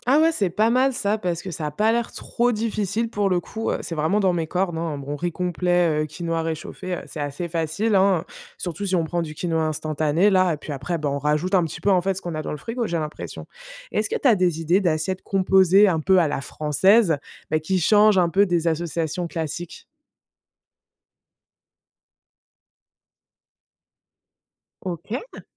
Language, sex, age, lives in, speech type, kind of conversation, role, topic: French, female, 25-29, France, read, expert-assistant, asker, Comment pourrait-on réinventer le dîner en semaine pour qu’il soit à la fois rapide et savoureux ?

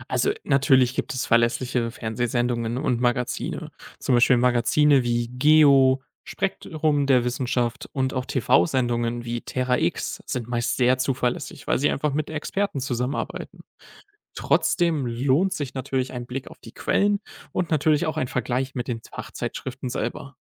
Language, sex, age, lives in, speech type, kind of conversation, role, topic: German, male, 20-24, Germany, read, expert-assistant, expert, Woran erkennt man seriöse Berichte über Dinosaurierfunde?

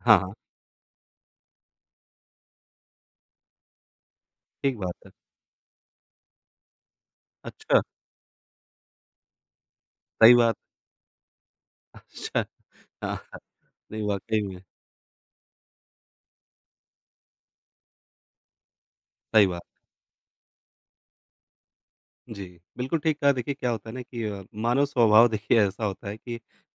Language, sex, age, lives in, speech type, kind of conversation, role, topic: Hindi, male, 35-39, India, conversation, podcast, host, आपने कौन-सी ऐसी गलती की थी, जिससे आपने बहुत कुछ सीखा?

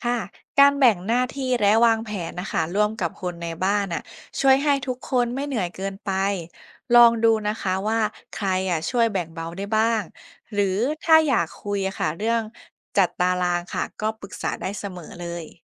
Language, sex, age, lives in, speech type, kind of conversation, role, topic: Thai, female, 25-29, Thailand, read, expert-assistant, expert, แต่ละบ้านดูแลผู้ป่วยต่างกันอย่างไร? อะไรที่ทำให้เกิดความแตกต่างนี้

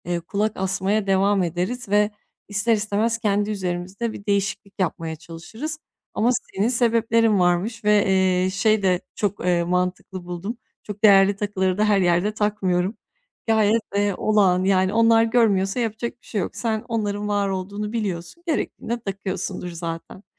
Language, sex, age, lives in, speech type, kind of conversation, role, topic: Turkish, female, 30-34, Bulgaria, conversation, advice, advisor, Kendimi kabul etmeye nasıl başlayabilirim ve benzersiz yönlerimi arkadaşlarıma daha rahat nasıl gösterebilirim?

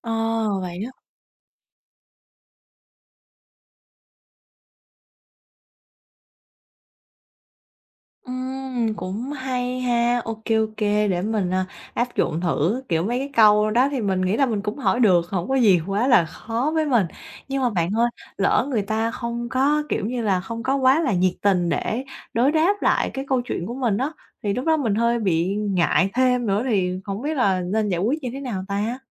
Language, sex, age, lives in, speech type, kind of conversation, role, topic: Vietnamese, female, 25-29, Vietnam, conversation, advice, user, Làm sao để tự tin hơn khi gặp gỡ và giao tiếp với bạn bè trong những buổi tụ tập?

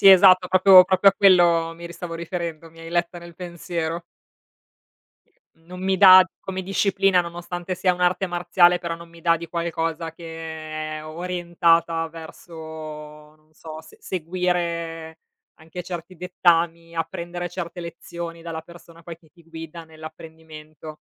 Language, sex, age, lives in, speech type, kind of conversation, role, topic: Italian, female, 35-39, Italy, conversation, unstructured, none, Qual è il tuo modo preferito per mantenerti fisicamente attivo?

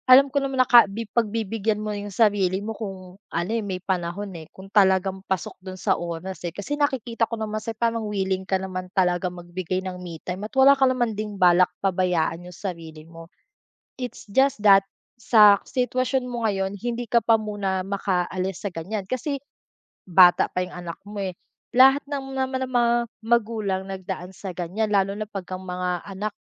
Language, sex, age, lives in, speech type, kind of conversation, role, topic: Filipino, female, 25-29, Philippines, conversation, advice, advisor, Paano ko haharapin ang pakiramdam na laging kulang ang oras para sa libangan at sa sarili ko?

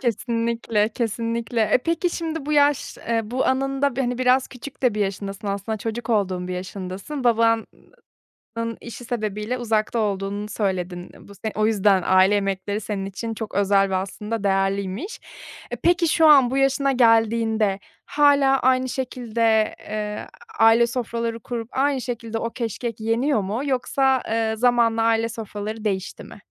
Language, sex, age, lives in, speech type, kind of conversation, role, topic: Turkish, female, 30-34, Germany, conversation, podcast, host, Aile yemekleri sana hangi anıları çağrıştırıyor?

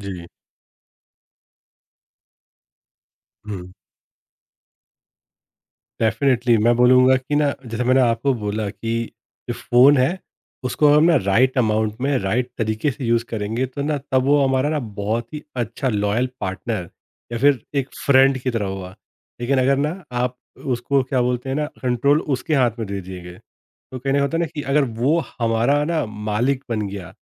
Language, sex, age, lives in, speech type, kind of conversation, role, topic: Hindi, male, 30-34, India, conversation, podcast, guest, Subah ki routine mein technology tumhe focus banaye rakhne mein kaise madad karti hai?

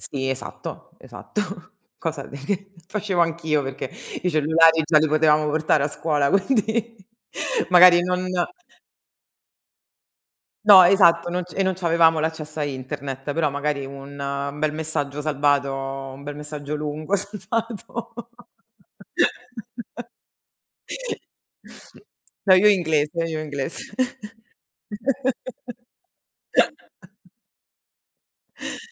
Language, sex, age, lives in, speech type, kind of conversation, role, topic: Italian, female, 35-39, Italy, conversation, unstructured, none, Pensi che la tecnologia possa migliorare la qualità della vita in futuro?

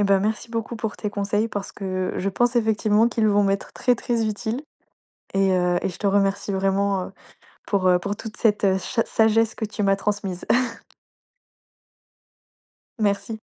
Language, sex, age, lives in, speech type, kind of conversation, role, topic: French, female, 20-24, France, conversation, advice, user, Comment puis-je demander un retour constructif et explorer des opportunités de développement ?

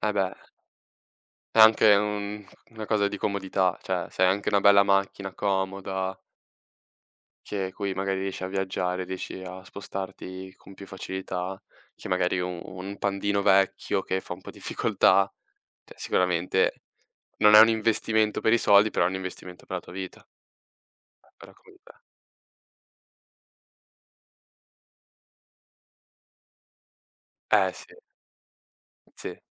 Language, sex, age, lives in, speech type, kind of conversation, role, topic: Italian, male, 18-19, Italy, conversation, unstructured, none, Preferisci risparmiare o spendere subito?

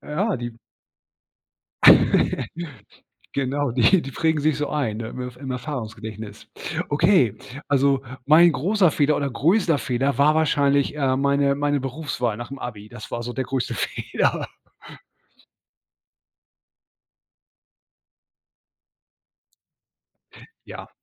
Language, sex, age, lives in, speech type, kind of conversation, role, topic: German, male, 40-44, Germany, conversation, podcast, guest, Was hast du aus einem großen Fehler gelernt?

